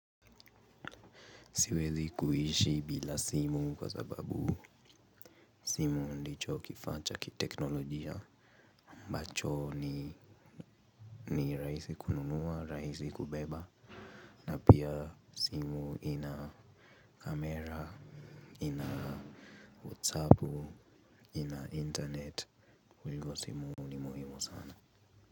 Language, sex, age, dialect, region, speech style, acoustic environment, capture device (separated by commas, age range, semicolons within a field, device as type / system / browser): Swahili, male, 18-24, Kenya - Nairobi Sheng-influenced, Kenya, happy; relaxed, music; nature, mobile / Linux / Chrome